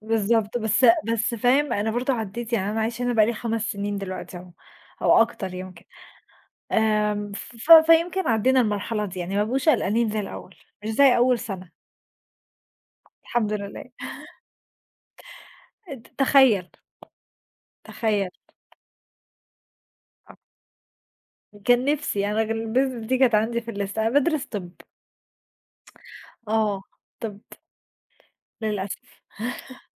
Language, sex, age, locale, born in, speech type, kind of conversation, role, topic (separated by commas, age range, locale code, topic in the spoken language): Arabic, female, 20-24, ar-EG, Egypt, conversation, unstructured, none, إيه هي المغامرة اللي حلمت بيها في يوم من الأيام؟